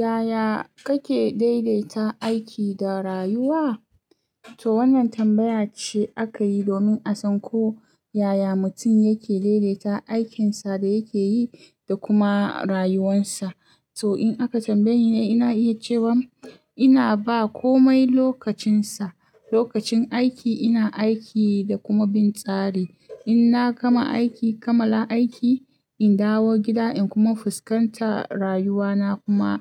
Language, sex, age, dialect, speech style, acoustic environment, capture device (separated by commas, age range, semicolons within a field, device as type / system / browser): Hausa, female, 25-34, Nigeria - Kano, anxious; focused, silence, mobile / Linux / Chrome